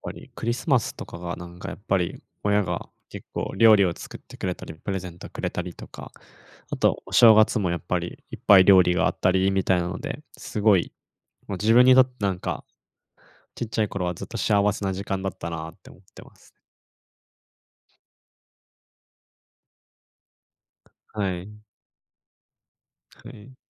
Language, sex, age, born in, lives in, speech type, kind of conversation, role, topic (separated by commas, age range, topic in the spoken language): Japanese, male, 20-24, Japan, Japan, conversation, podcast, guest, 日本の季節感についてどう思いますか？